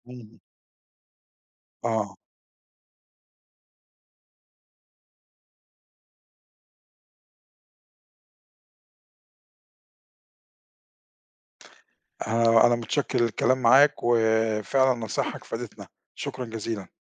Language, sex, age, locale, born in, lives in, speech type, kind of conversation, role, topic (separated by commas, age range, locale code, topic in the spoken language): Arabic, male, 50-54, ar-EG, Egypt, Portugal, conversation, podcast, host, إيه رأيك في ثقافة المشاهير وتأثيرها علينا؟